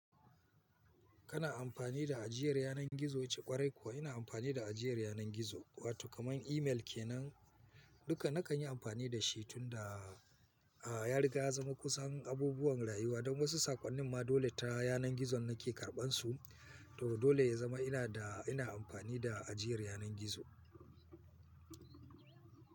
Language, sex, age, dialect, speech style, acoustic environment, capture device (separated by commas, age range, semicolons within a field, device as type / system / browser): Hausa, male, 45-54, Nigeria - Kano, focused, people talking; nature, mobile / Linux / Chrome